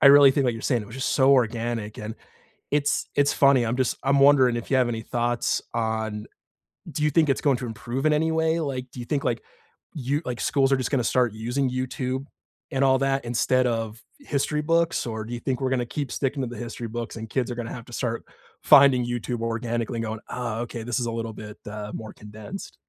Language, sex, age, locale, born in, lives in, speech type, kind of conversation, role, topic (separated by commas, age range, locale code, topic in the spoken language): English, male, 30-34, en-US, United States, United States, conversation, unstructured, none, How do you use podcasts, YouTube, and everyday curiosity to learn outside school?